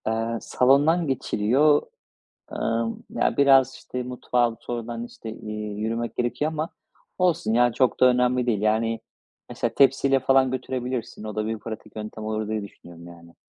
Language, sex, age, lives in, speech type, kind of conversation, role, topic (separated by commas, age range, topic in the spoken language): Turkish, male, 35-39, Spain, conversation, podcast, guest, Bir balkonda doğal ve sade bir yaşamı nasıl kurabilirim?